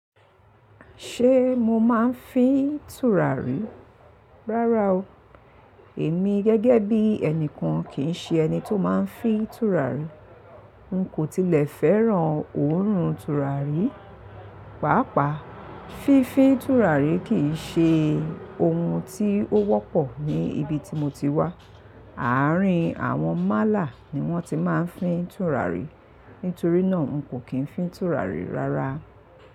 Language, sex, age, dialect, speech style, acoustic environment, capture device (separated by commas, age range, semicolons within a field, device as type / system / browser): Yoruba, female, 45-54, Nigeria - Lagos Yoruba, relaxed, people talking; traffic; appliances, mobile / Linux / Chrome